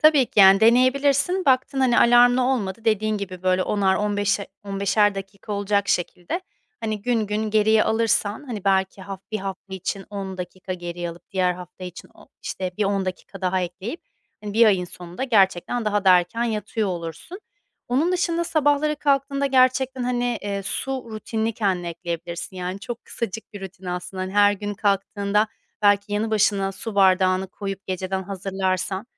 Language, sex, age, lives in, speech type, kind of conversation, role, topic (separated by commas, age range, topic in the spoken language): Turkish, female, 30-34, Spain, conversation, advice, advisor, Kısa ve etkili sabah alışkanlıklarına nereden başlamalıyım?